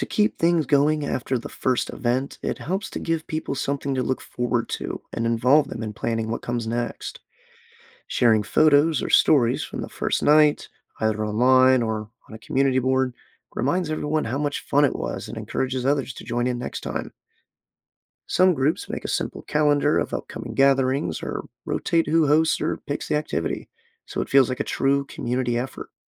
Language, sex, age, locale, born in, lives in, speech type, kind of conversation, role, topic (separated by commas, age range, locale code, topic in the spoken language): English, male, 25-29, en-US, United States, United States, read, expert-assistant, expert, How can communities support endangered language preservation?